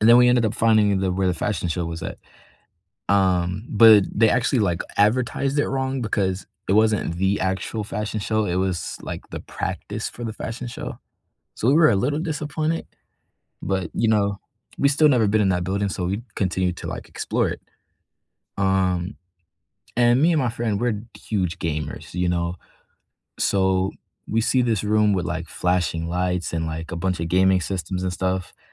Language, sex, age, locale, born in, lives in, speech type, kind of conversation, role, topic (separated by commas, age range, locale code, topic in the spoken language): English, male, 18-19, en-US, United States, United States, conversation, unstructured, none, What is a story from your past that you love telling?